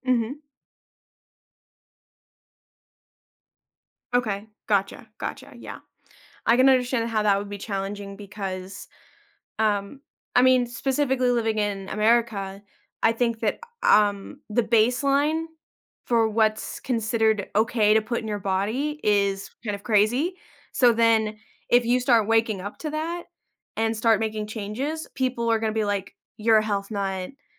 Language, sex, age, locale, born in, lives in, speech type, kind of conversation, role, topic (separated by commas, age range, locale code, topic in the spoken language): English, female, 25-29, en-US, United States, United States, conversation, advice, advisor, How can I set healthier personal boundaries?